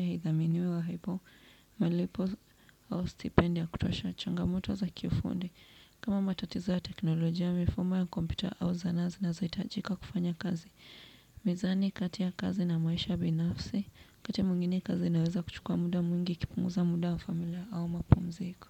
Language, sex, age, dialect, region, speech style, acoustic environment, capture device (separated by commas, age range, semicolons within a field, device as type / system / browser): Swahili, female, 18-24, Kenya - Nairobi Sheng-influenced, Kenya, focused, people talking, desktop / Windows / Chrome